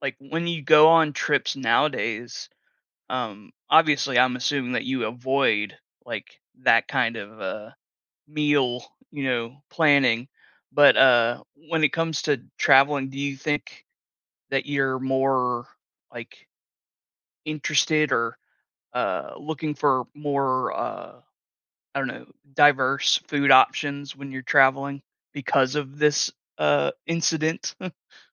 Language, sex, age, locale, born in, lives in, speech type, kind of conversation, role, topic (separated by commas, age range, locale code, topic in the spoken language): English, male, 30-34, en-US, United States, United States, conversation, podcast, host, What memorable trip did you take and why?